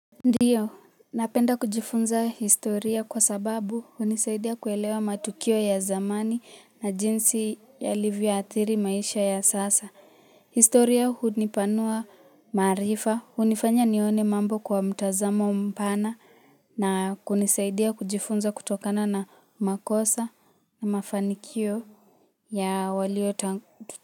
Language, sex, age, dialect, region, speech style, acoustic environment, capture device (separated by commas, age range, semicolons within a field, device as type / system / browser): Swahili, female, 18-24, Kenya - Nairobi Sheng-influenced, Kenya, relaxed, music, mobile / Linux / Chrome